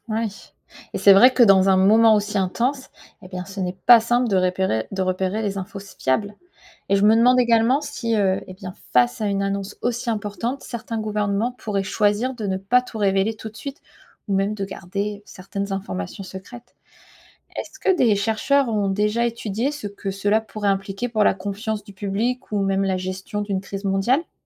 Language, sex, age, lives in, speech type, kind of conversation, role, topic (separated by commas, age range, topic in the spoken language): French, female, 35-39, United States, read, expert-assistant, asker, Découvrir une vie extraterrestre changerait-il notre vision du monde ?